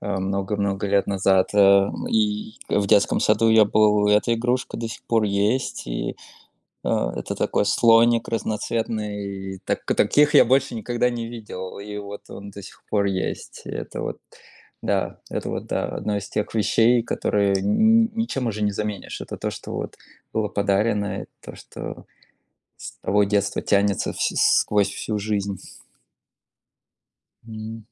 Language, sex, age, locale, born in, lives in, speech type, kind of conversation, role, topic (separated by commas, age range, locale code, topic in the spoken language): Russian, male, 30-34, ru-RU, Russia, United States, conversation, unstructured, none, Какие мелочи из прошлого делают тебя счастливым?